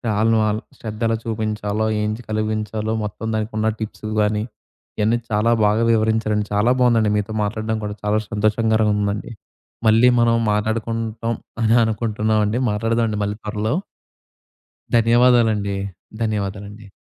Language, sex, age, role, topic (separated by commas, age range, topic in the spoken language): Telugu, male, 20-24, host, ప్రతి విద్యార్థికి వ్యక్తిగత శ్రద్ధ ఎలా కల్పించగలం?